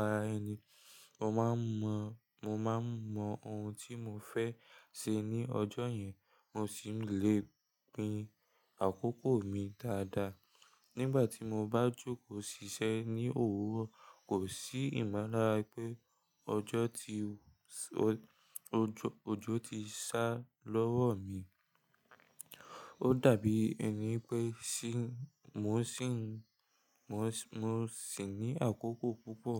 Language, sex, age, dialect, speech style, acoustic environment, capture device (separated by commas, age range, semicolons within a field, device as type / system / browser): Yoruba, male, 18-24, Nigeria - Lagos Yoruba, tired, silence; nature, mobile / Linux / Chrome